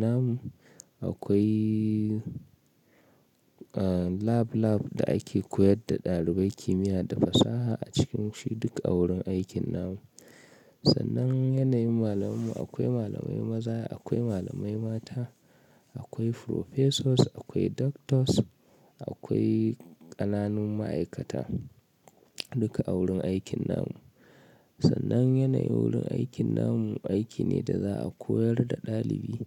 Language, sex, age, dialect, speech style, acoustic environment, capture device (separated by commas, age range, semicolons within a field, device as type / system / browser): Hausa, male, 25-34, Nigeria - Kano, excited; anxious, silence, mobile / Linux / Chrome